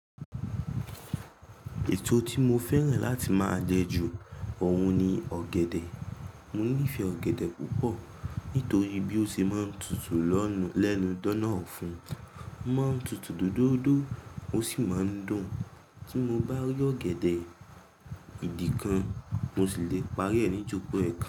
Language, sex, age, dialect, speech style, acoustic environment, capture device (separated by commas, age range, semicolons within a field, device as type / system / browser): Yoruba, male, 18-24, Nigeria - Ibadan, focused, silence, mobile / Linux / Chrome